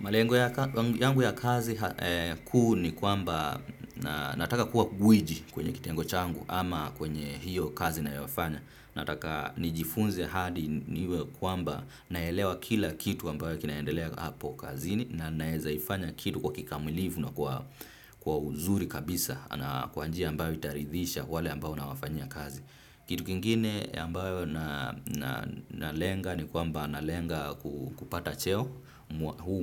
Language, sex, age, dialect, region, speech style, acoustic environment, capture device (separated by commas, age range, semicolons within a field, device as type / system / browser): Swahili, male, 25-34, Kenya - Nairobi Sheng-influenced, Kenya, excited, traffic, desktop / Windows / Firefox